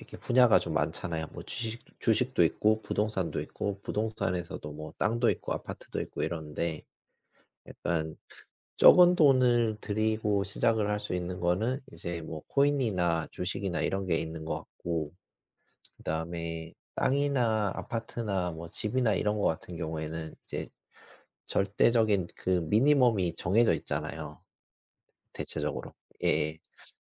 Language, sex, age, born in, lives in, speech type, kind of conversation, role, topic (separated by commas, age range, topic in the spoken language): Korean, male, 40-44, South Korea, South Korea, conversation, unstructured, none, 투자를 시작할 때 가장 중요한 것은 무엇이라고 생각하시나요?